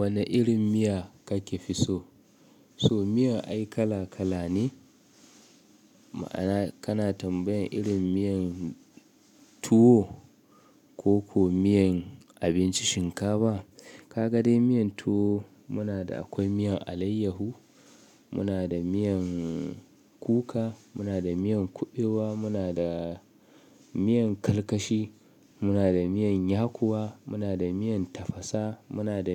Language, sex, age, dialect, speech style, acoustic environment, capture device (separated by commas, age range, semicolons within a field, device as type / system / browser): Hausa, male, 25-34, Nigeria - Kano, relaxed; nervous, appliances, mobile / Linux / Chrome